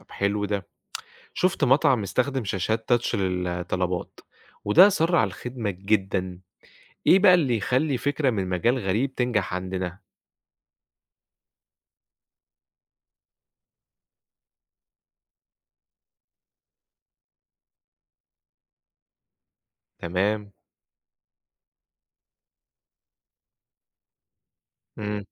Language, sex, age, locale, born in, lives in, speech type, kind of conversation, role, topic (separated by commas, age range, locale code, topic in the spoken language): Arabic, male, 25-29, ar-EG, Egypt, Egypt, read, expert-assistant, asker, إزاي ممكن نستخدم أفكار من مجالات تانية ونطبّقها في البزنس بتاعنا؟